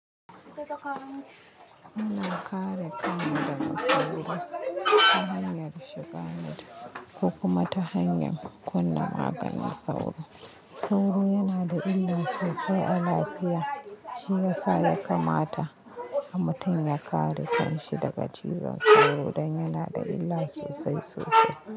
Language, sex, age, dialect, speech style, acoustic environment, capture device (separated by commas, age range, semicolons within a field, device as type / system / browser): Hausa, female, 25-34, Nigeria - Kano, stressed, people talking, mobile / Linux / Chrome